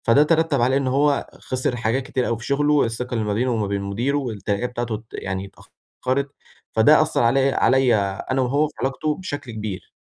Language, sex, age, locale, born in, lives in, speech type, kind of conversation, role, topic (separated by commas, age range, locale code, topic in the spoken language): Arabic, male, 18-19, ar-EG, Egypt, Egypt, conversation, advice, user, إزاي أرجّع ثقة حد اتأذى بسبب غلطتي، وإيه الخطوات العملية اللي أعملها؟